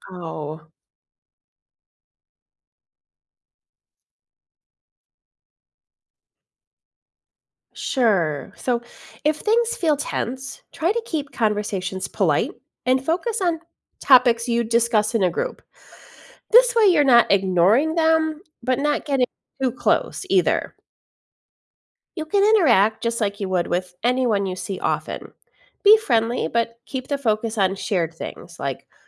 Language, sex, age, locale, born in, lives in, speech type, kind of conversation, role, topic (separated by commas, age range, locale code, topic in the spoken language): English, female, 45-49, en-US, United States, United States, read, expert-assistant, expert, Why do people form close friendships with certain individuals?